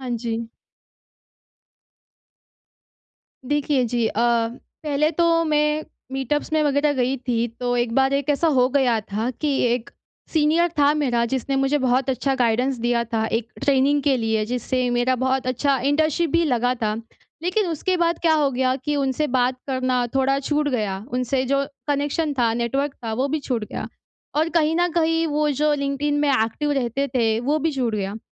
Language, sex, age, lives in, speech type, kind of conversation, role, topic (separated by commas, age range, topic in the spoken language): Hindi, female, 20-24, India, conversation, advice, user, आप एक प्रभावी गुरु–शिष्य संबंध कैसे शुरू करें और उसे कैसे निभाएँ?